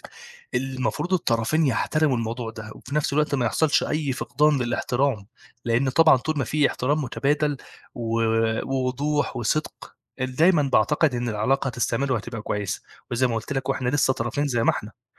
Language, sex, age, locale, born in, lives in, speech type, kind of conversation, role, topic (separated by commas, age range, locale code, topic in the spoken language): Arabic, male, 25-29, ar-EG, Egypt, Egypt, conversation, podcast, guest, إزاي توازن بين إنك تسمع للناس وبين إنك تحافظ على حدودك؟